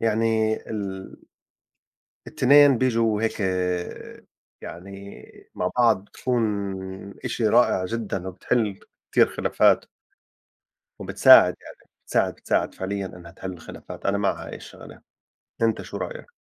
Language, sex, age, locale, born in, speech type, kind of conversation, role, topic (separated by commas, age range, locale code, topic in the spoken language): Arabic, male, 40-44, ar-JO, Saudi Arabia, conversation, unstructured, none, إيه دور الحب والاحترام في إننا نعدّي الخلافات؟